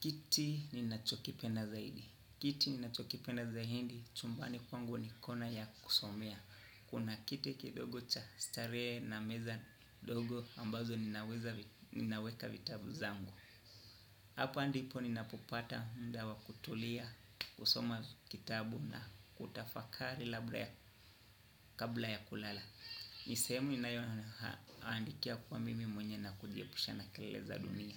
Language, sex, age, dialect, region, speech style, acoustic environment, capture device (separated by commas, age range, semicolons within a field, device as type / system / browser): Swahili, male, 25-34, Kenya - Nairobi Sheng-influenced, Kenya, happy, nature, desktop / Windows / Chrome